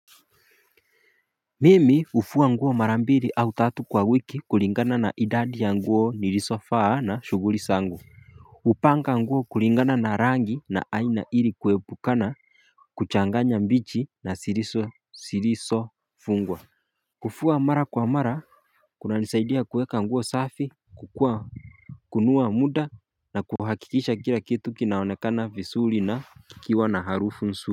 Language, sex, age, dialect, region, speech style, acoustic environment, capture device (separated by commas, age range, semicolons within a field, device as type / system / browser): Swahili, male, 25-34, Kenya - Mombasa, Kenya, focused, silence, mobile / Linux / Chrome